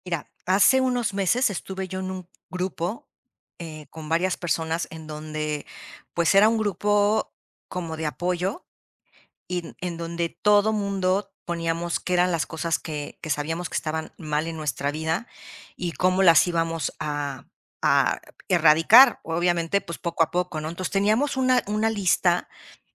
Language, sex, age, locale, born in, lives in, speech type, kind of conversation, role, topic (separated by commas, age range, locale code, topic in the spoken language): Spanish, female, 50-54, es-MX, Mexico, Mexico, conversation, podcast, guest, ¿Qué pequeño paso recomendarías dar hoy para empezar a cambiar?